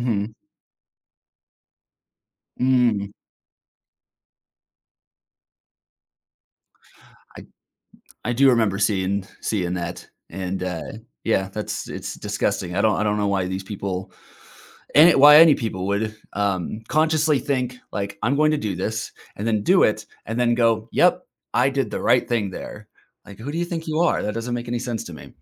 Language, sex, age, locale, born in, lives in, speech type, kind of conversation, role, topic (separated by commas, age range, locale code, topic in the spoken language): English, male, 30-34, en-US, United States, United States, conversation, podcast, host, How do healthy forests contribute to a better world for everyone?